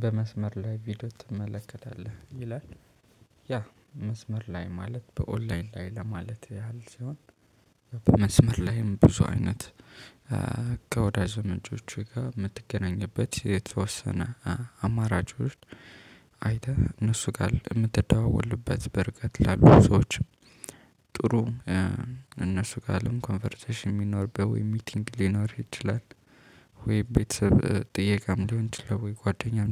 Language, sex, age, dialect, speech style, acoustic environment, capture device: Amharic, male, 25-34, Ethiopia - Addis Ababa, focused; happy, nature; people talking, mobile / Linux / Chrome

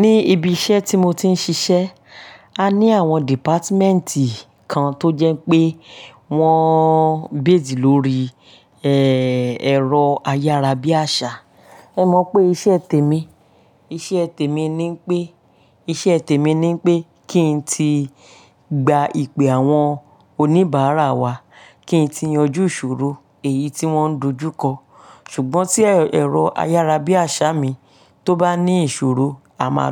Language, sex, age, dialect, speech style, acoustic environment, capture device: Yoruba, female, 35-44, Nigeria - Lagos Yoruba, happy, silence, mobile / Linux / Chrome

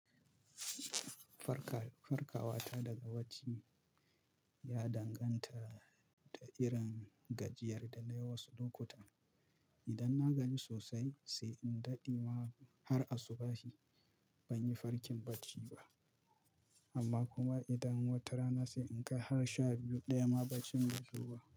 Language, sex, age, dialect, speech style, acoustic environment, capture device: Hausa, male, 25-34, Nigeria - Kano, excited, silence, mobile / Linux / Chrome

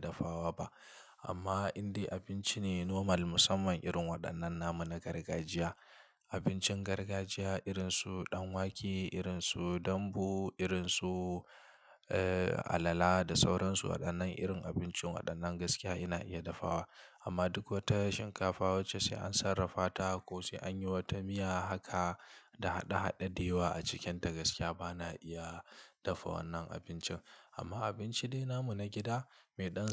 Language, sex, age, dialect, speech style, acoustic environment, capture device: Hausa, male, 25-34, Nigeria - Kano, relaxed, silence, mobile / Linux / Chrome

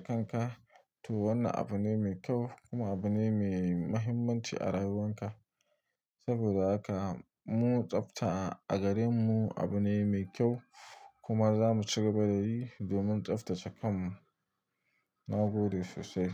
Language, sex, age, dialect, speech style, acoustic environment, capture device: Hausa, male, 25-34, Nigeria - Nigerian Standard, happy, silence; nature, mobile / Linux / Chrome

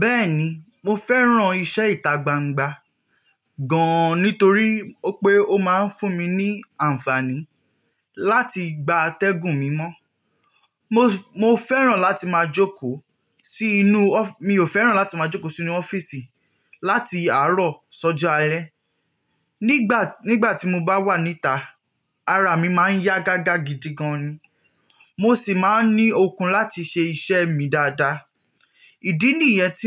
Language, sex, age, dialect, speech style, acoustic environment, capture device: Yoruba, male, 18-24, Nigeria - Nigerian Standard, relaxed, nature, mobile / Linux / Chrome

